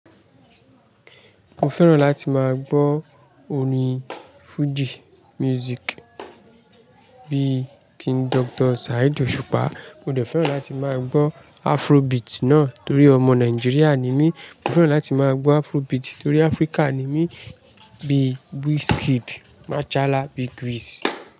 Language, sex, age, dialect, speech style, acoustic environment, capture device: Yoruba, male, 25-34, Nigeria - Lagos Yoruba, happy, nature; people talking, mobile / Linux / Chrome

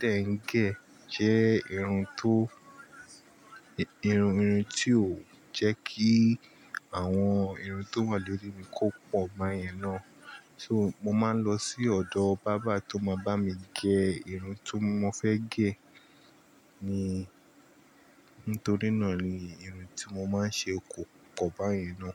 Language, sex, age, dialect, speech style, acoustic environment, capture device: Yoruba, male, 25-34, Nigeria - Nigerian Standard, relaxed, appliances, mobile / Linux / Chrome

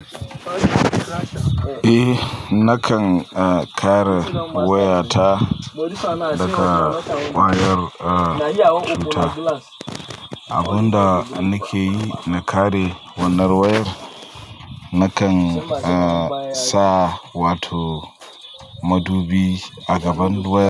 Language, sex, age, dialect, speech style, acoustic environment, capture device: Hausa, male, 25-34, Nigeria - Pidgin-influenced, happy, people talking; nature, mobile / Linux / Chrome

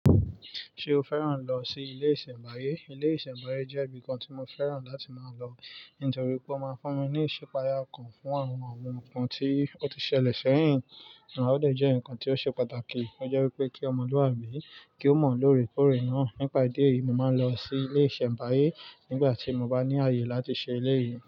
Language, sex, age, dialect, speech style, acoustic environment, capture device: Yoruba, male, 25-34, Nigeria - Nigerian Standard, focused, nature, mobile / Linux / Chrome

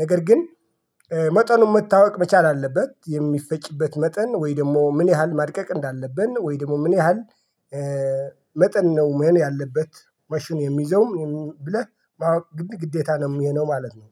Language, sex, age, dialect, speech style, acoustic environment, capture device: Amharic, male, 45-54, Ethiopia - Addis Ababa, excited, silence, mobile / Linux / Chrome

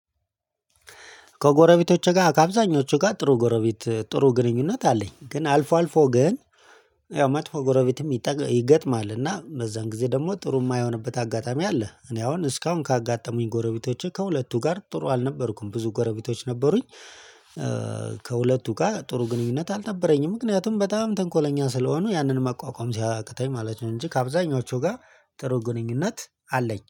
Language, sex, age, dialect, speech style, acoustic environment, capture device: Amharic, male, 35-44, Ethiopia - Addis Ababa, excited, people talking, mobile / Linux / Chrome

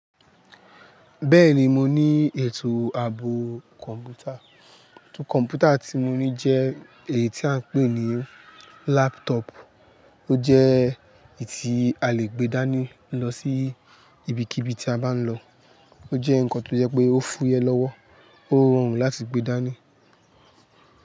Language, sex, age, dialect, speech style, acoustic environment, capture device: Yoruba, male, 25-34, Nigeria - Lagos Yoruba, happy; excited; focused; stressed; tired; anxious, people talking; music; nature; appliances; construction, mobile / Linux / Chrome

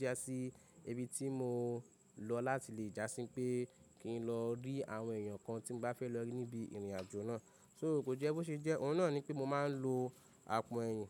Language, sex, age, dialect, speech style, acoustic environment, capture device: Yoruba, male, 25-34, Nigeria - Ibadan, happy, nature, mobile / Linux / Chrome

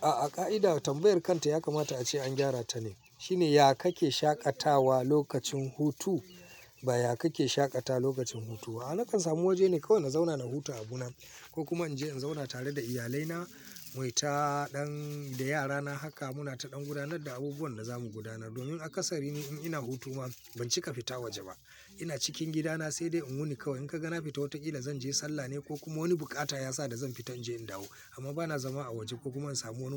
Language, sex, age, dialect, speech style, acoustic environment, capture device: Hausa, male, 45-54, Nigeria - Kano, focused, people talking, mobile / Linux / Chrome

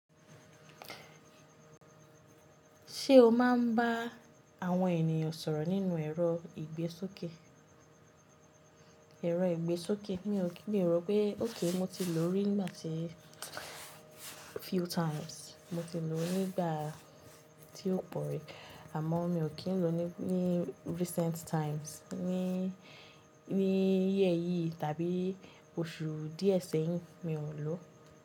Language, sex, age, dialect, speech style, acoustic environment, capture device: Yoruba, female, 25-34, Nigeria - Lagos Yoruba, relaxed, appliances, mobile / Linux / Chrome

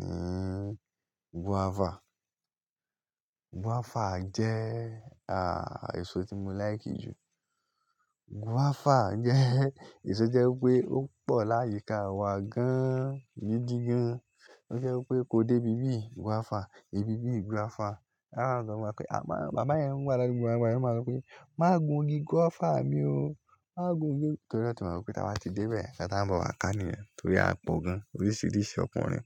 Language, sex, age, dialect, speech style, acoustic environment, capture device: Yoruba, male, 18-24, Nigeria - Lagos Yoruba, happy; relaxed, nature; silence, mobile / Linux / Chrome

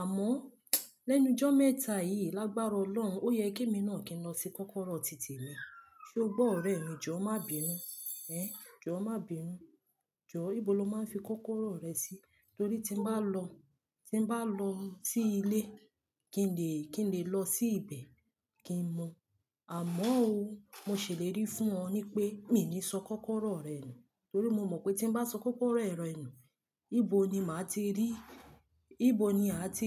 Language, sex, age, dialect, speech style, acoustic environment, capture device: Yoruba, female, 25-34, Nigeria - Lagos Yoruba, happy, silence, mobile / Linux / Chrome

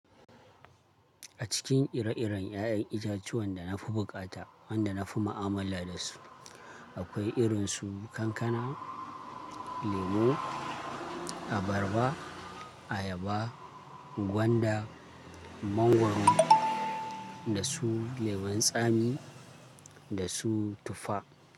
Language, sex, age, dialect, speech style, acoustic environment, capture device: Hausa, male, 25-34, Nigeria - Kano, happy, silence, mobile / Linux / Chrome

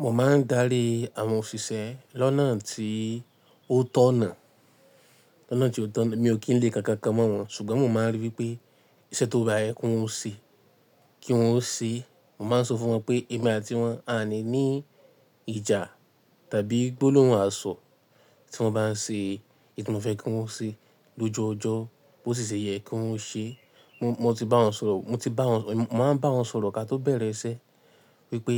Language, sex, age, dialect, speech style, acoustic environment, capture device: Yoruba, male, 18-24, Nigeria - Ibadan, happy, silence, mobile / Linux / Chrome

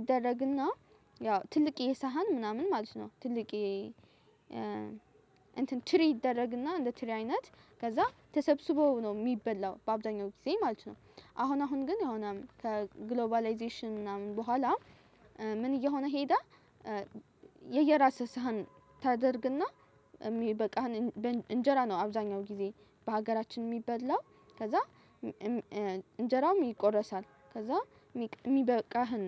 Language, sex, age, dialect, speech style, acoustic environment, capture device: Amharic, female, 18-24, Ethiopia - Addis Ababa, focused, nature, mobile / Linux / Chrome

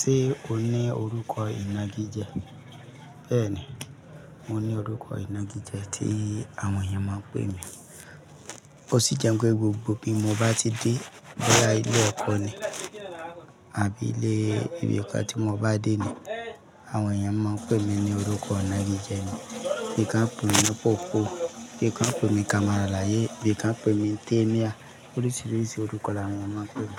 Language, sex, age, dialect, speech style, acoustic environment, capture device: Yoruba, male, 35-44, Nigeria - Lagos Yoruba, happy, people talking, mobile / Linux / Chrome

